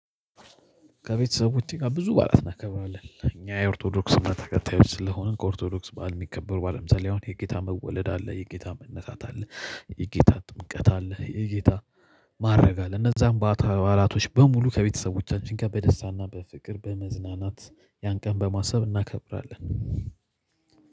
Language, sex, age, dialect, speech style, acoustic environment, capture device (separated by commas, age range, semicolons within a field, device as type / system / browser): Amharic, male, 25-34, Ethiopia - Addis Ababa, happy, people talking, mobile / Linux / Chrome